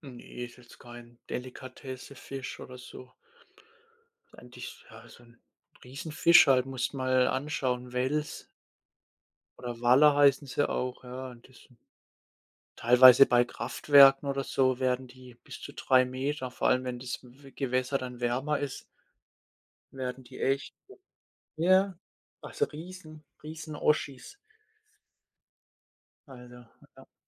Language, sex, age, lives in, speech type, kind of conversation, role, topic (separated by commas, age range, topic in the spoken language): German, male, 25-29, Germany, conversation, unstructured, none, Welche Ferien oder Reisen aus deiner Kindheit sind dir am besten in Erinnerung geblieben?